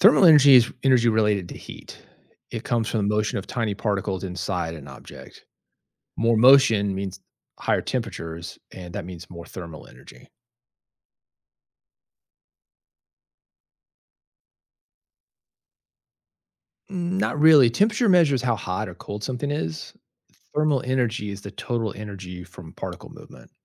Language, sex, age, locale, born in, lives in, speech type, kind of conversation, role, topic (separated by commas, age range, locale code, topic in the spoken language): English, male, 50-54, en-US, United States, United States, read, expert-assistant, expert, What are the different types of energy?